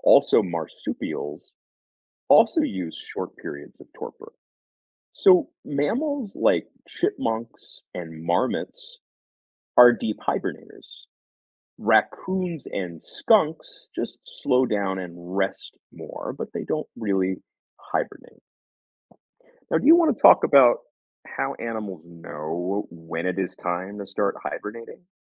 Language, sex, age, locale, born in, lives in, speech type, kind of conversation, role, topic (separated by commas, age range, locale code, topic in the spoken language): English, male, 40-44, en-US, United States, United States, read, expert-assistant, expert, Why do some animals hibernate during winter?